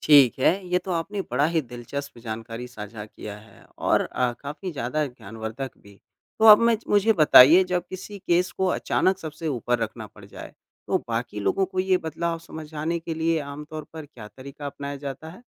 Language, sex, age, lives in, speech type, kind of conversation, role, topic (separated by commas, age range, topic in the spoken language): Hindi, male, 25-29, India, read, expert-assistant, asker, कई केसों को प्राथमिकता कैसे दें और टीम का संतुलन कैसे बनाए रखें?